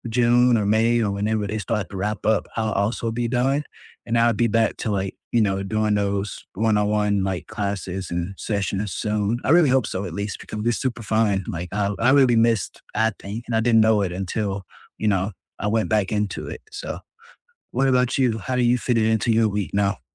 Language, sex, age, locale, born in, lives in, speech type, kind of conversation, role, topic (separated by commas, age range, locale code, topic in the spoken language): English, male, 20-24, en-US, United States, United States, conversation, unstructured, none, Which past hobby have you picked back up, and what keeps it meaningful now?